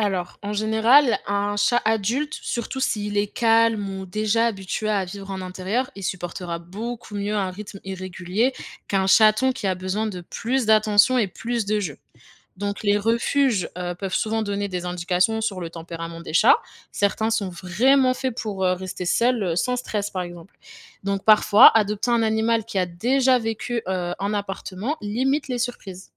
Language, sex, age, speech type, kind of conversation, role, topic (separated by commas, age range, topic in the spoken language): French, female, 25-29, read, expert-assistant, expert, Quel animal de compagnie choisir quand on vit en ville avec un emploi du temps chargé ?